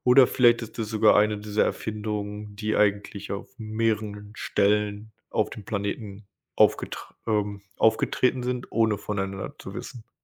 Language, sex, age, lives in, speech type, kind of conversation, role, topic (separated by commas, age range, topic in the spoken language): German, male, 25-29, United States, conversation, unstructured, none, Wie hat die Erfindung des Rades die Geschichte geprägt?